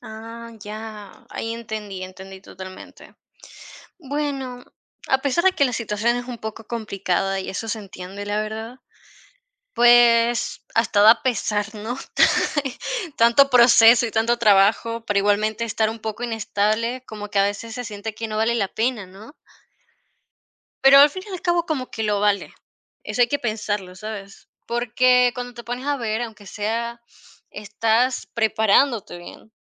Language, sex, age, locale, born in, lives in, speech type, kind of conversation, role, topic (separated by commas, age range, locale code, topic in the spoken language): Spanish, female, 50-54, es-VE, Venezuela, Portugal, conversation, advice, advisor, ¿Cómo puedo equilibrar una vida cómoda sin poner en riesgo mi ahorro para el futuro?